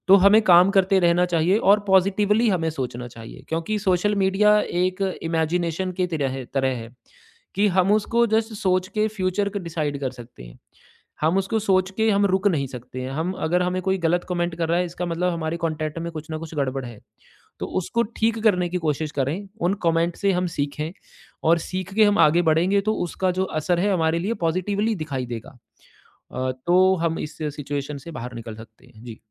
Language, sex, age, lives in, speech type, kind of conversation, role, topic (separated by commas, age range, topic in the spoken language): Hindi, male, 35-39, India, conversation, podcast, guest, Public shaming on social media ka long-term nuksan kya hota hai?